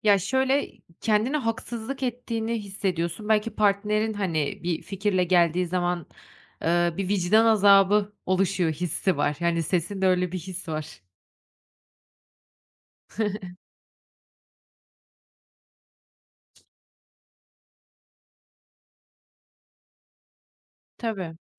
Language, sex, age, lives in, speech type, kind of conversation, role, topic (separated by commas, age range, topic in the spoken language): Turkish, female, 30-34, Netherlands, conversation, podcast, host, İş-özel hayat dengesi sana nasıl hissettiriyor?